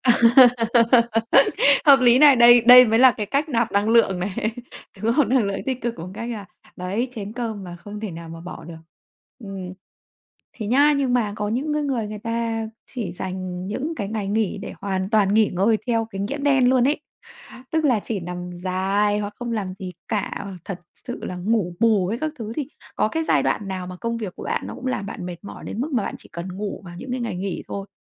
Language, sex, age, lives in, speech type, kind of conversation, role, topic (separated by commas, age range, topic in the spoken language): Vietnamese, female, 35-39, Vietnam, conversation, podcast, host, Bạn thường làm gì vào ngày nghỉ để nạp lại năng lượng?